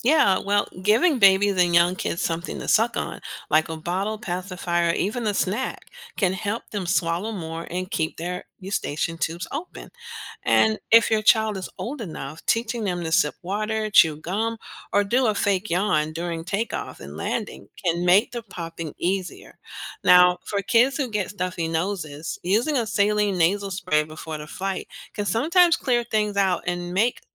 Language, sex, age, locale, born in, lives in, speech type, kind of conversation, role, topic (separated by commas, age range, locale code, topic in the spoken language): English, female, 50-54, en-US, United States, United States, read, expert-assistant, expert, Why do your ears pop when you change altitude quickly?